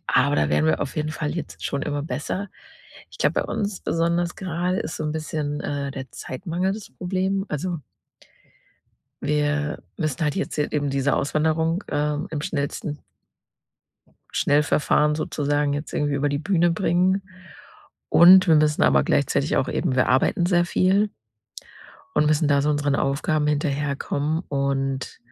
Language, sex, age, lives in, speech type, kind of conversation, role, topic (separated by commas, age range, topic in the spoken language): German, female, 30-34, Germany, conversation, advice, user, Wie können wir als Paar während eines Umzugs besser miteinander kommunizieren?